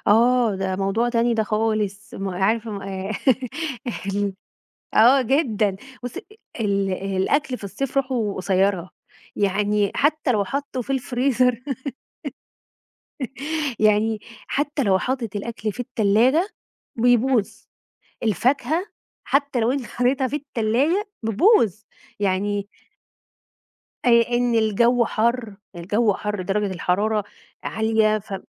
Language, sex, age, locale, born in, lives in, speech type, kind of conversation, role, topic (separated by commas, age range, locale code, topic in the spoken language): Arabic, female, 35-39, ar-EG, Egypt, Egypt, conversation, podcast, guest, إزاي بتتعامل مع بواقي الأكل بدل ما ترميها؟